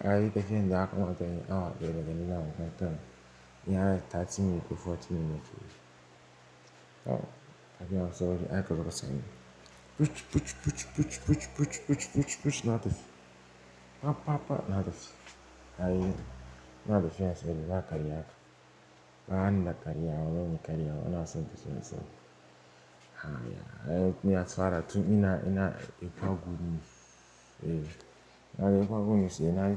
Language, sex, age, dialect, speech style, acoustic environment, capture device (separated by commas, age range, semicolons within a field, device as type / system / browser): Hausa, male, 18-24, Nigeria - Pidgin-influenced, focused; stressed; excited; relaxed, silence, desktop / Linux / Chrome